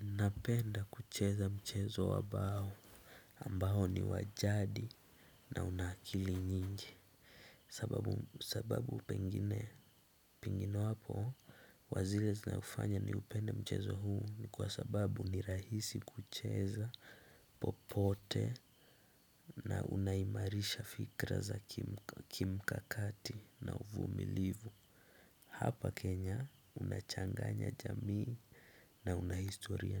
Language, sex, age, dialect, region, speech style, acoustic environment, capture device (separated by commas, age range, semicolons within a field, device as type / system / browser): Swahili, male, 18-24, Kenya - Mombasa, Kenya, relaxed, silence, desktop / Windows / Chrome